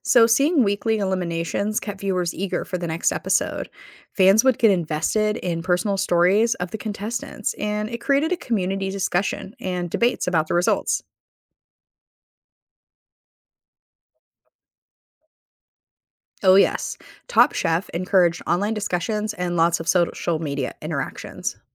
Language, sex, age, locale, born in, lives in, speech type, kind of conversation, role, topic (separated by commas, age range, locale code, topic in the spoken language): English, female, 35-39, en-US, United States, United States, read, expert-assistant, expert, Explain how “Top Chef” influenced culinary TV shows.